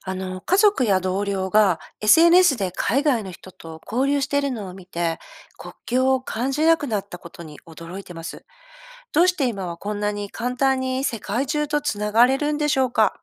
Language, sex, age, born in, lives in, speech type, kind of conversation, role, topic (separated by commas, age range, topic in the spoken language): Japanese, female, 50-54, Japan, Japan, read, expert-assistant, asker, なぜSNSを通じて、世界中の人と簡単につながれるようになったのでしょうか？